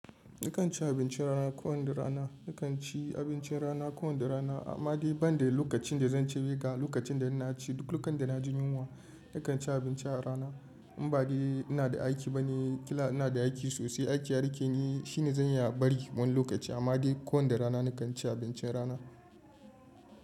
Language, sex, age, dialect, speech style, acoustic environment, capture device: Hausa, male, 25-34, Nigeria - Kano, relaxed, people talking, mobile / Linux / Chrome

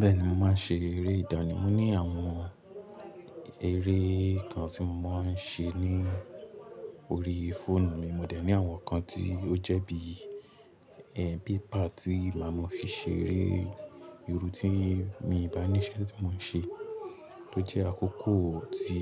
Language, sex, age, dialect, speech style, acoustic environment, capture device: Yoruba, male, 18-24, Nigeria - Lagos Yoruba, relaxed, nature; music, mobile / Linux / Chrome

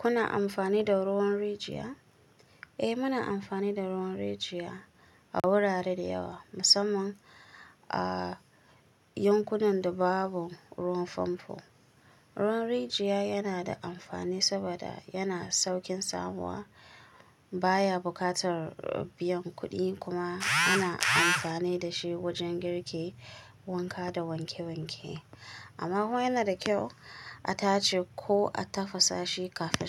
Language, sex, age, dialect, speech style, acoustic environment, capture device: Hausa, female, 25-34, Nigeria - Kano, relaxed, silence, mobile / Linux / Chrome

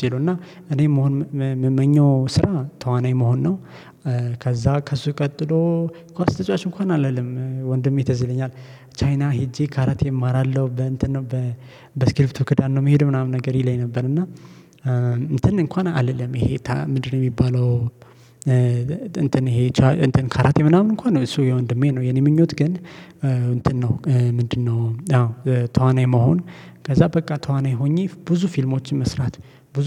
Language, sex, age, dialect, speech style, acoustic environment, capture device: Amharic, male, 25-34, Ethiopia - Addis Ababa, happy, silence, mobile / Linux / Chrome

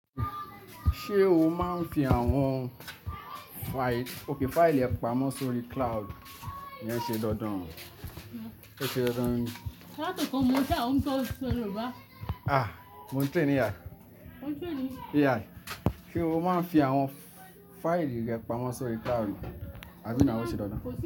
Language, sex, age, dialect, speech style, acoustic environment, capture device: Yoruba, male, 25-34, Nigeria - Lagos Yoruba, relaxed; focused, traffic; appliances; nature, desktop / Linux / Chrome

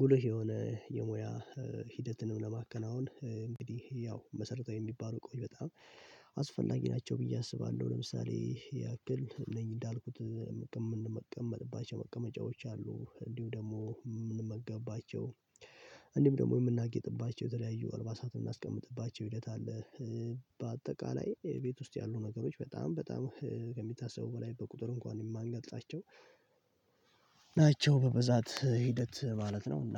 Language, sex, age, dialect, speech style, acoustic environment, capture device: Amharic, male, 18-24, Ethiopia - Addis Ababa, relaxed, silence, mobile / Linux / Chrome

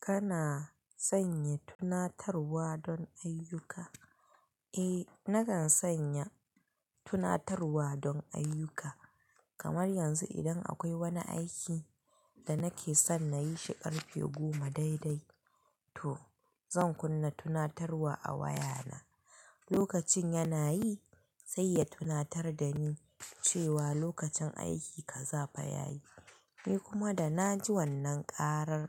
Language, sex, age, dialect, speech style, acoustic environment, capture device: Hausa, female, 25-34, Nigeria - Kano, happy, people talking, mobile / Linux / Chrome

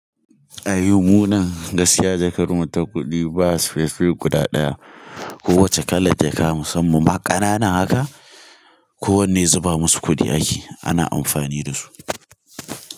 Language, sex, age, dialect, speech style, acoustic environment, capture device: Hausa, male, 18-24, Nigeria - Kano, relaxed, nature, mobile / Linux / Chrome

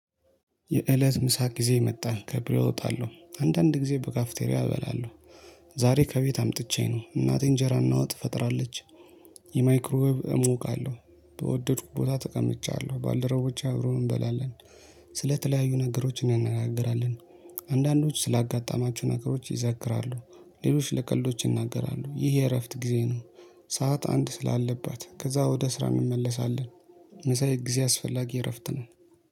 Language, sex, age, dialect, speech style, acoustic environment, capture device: Amharic, male, 25-34, Ethiopia - Addis Ababa, happy, people talking, mobile / Linux / Chrome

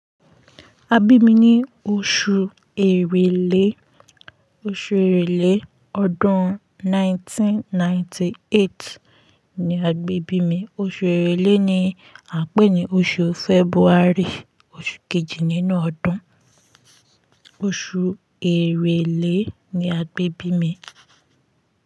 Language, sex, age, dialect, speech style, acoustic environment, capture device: Yoruba, female, 25-34, Nigeria - Ibadan, focused, silence, mobile / Linux / Chrome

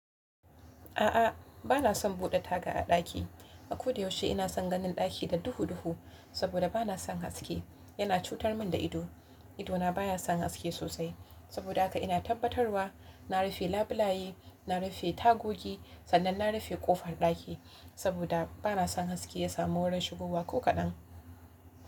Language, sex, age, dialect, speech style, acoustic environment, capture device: Hausa, female, 18-24, Nigeria - Kano, focused; excited, nature; silence, mobile / Linux / Chrome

